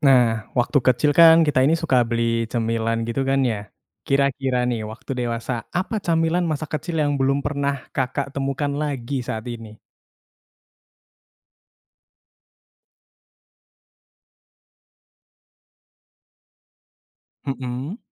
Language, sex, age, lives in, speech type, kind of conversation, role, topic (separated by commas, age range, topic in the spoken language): Indonesian, male, 20-24, Indonesia, conversation, podcast, host, Camilan masa kecil apa yang sampai sekarang belum pernah kamu temukan lagi?